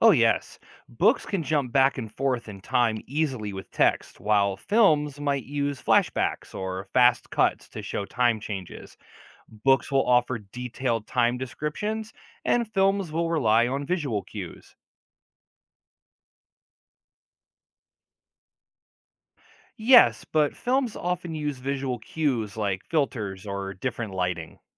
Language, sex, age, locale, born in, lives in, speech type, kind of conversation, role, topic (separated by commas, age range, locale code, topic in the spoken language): English, male, 40-44, en-US, United States, United States, read, expert-assistant, expert, How does storytelling differ across mediums like books and films?